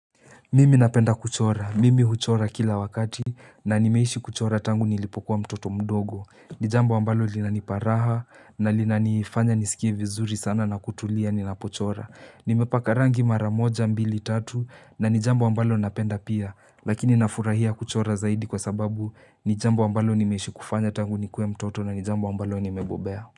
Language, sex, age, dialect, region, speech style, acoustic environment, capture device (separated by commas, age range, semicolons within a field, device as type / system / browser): Swahili, male, 18-24, Kenya - Nairobi Sheng-influenced, Kenya, relaxed, silence, mobile / Linux / Chrome